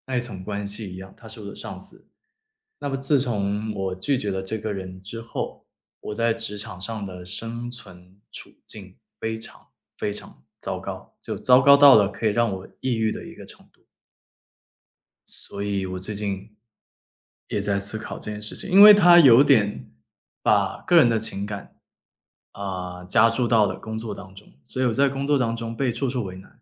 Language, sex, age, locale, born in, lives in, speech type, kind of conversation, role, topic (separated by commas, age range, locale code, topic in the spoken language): Chinese, male, 25-29, zh-CN, China, United States, conversation, podcast, guest, 后悔能变成前进的动力吗？